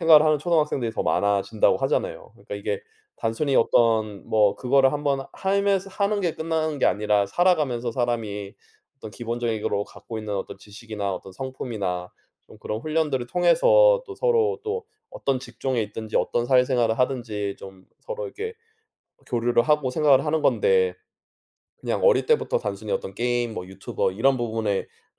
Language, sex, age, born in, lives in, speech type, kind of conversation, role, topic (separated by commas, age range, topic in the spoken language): Korean, male, 35-39, South Korea, United States, conversation, unstructured, none, 게임을 취미로 즐기는 사람들에 대해 어떻게 생각하시나요?